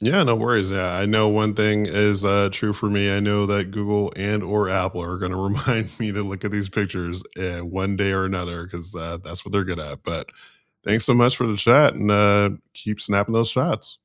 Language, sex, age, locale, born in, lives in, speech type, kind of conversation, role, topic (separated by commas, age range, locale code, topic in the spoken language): English, male, 35-39, en-US, United States, United States, conversation, unstructured, none, What’s the story behind a favorite photo on your phone, and why does it matter to you?